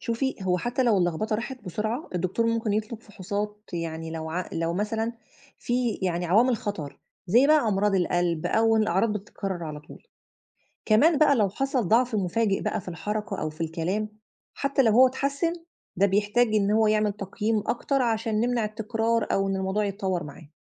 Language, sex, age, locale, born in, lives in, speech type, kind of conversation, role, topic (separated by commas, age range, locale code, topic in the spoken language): Arabic, female, 35-39, ar-EG, Egypt, Egypt, read, expert-assistant, expert, لو فيه حد كبير في السن في المستشفى وابتدى يتلخبط أو ينسى فجأة، الدكاترة بيعملوا إيه علشان يعرفوا السبب؟